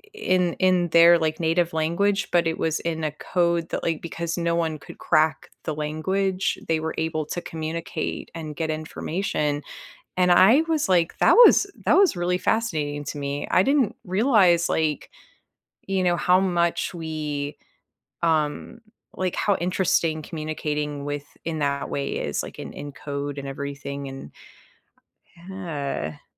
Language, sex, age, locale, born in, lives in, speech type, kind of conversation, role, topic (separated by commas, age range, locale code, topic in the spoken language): English, female, 35-39, en-US, United States, United States, conversation, unstructured, none, What is your biggest takeaway from learning something new recently?